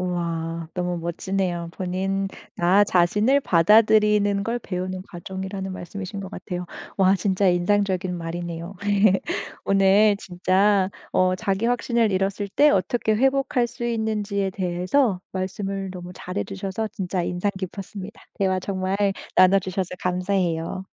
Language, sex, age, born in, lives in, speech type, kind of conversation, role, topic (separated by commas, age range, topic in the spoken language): Korean, female, 35-39, South Korea, Germany, conversation, podcast, host, 자기 확신을 잃었을 때 어떻게 다시 회복할 수 있을까요?